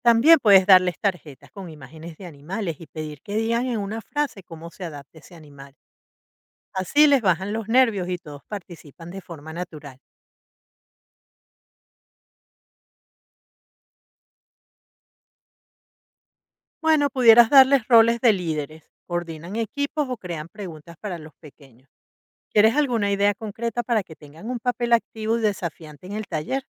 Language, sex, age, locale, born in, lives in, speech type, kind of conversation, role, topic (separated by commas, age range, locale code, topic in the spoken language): Spanish, female, 55-59, es-VE, Venezuela, United States, read, expert-assistant, expert, ¿Cómo podría organizar un taller familiar divertido para explorar cómo ha evolucionado la vida en la Tierra a lo largo del tiempo?